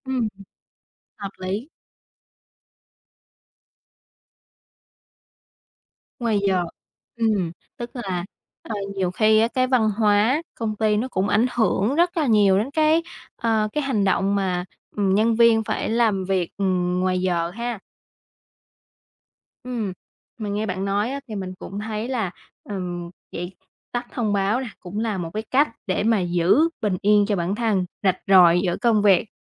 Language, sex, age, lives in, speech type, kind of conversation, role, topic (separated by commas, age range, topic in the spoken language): Vietnamese, female, 20-24, Vietnam, conversation, podcast, host, Bạn xử lý điện thoại công việc ngoài giờ như thế nào?